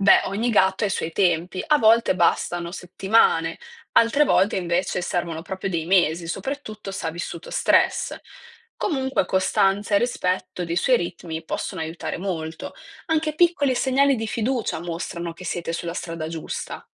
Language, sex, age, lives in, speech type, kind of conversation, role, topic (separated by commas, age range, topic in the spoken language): Italian, female, 20-24, Italy, read, expert-assistant, expert, Come posso trovare un equilibrio tra il mio stile di vita e i bisogni naturali di un animale domestico?